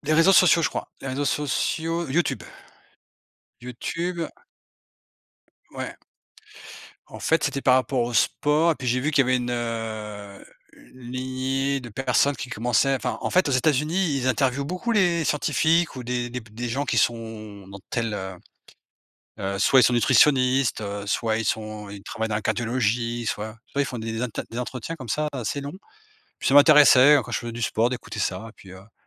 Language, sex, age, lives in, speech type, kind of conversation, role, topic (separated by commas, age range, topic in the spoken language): French, male, 45-49, Portugal, conversation, unstructured, none, Comment réagir quand on te juge parce que tu fais du sport pour perdre du poids ?